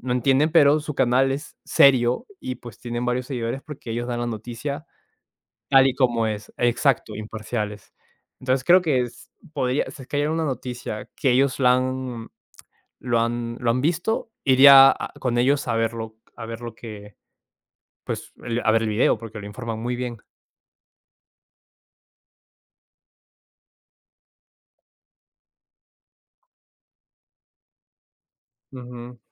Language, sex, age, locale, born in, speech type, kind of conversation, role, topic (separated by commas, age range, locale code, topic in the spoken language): Spanish, male, 20-24, es-PE, Peru, conversation, unstructured, none, ¿Cómo crees que la desinformación afecta a nuestra sociedad?